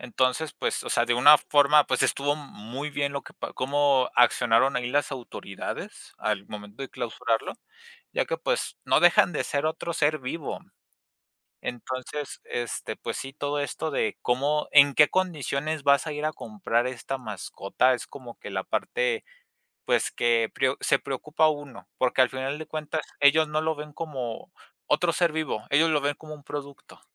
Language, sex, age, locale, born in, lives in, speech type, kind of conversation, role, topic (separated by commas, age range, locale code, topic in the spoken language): Spanish, male, 20-24, es-MX, Mexico, Mexico, conversation, unstructured, none, ¿Es correcto comprar mascotas en tiendas o es mejor adoptarlas en refugios?